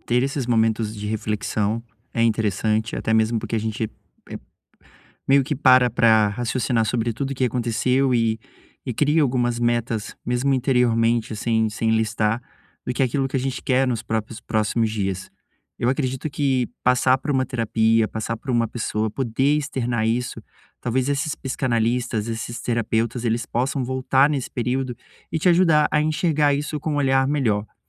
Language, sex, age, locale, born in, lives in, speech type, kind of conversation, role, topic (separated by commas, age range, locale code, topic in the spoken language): Portuguese, male, 30-34, pt-BR, Brazil, Portugal, conversation, advice, advisor, Como posso conciliar meus limites pessoais com a pressão para comemorar sem magoar meus amigos?